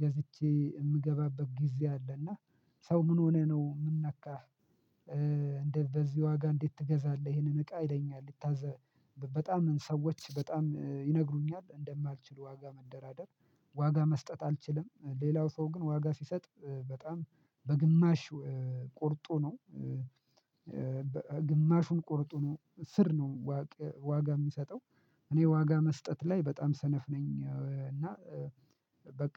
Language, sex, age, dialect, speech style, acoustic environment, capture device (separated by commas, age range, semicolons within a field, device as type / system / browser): Amharic, male, 25-34, Ethiopia - Addis Ababa, relaxed; excited; focused; happy, silence, mobile / Linux / Chrome